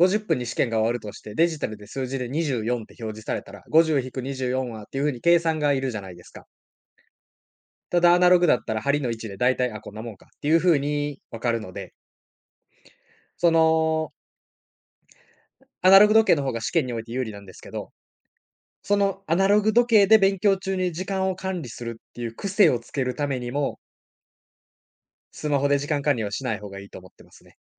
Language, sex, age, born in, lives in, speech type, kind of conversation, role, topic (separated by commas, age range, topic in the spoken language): Japanese, male, 20-24, Japan, Japan, conversation, podcast, guest, 通知やスマホの誘惑を、あなたはどのように断っていますか？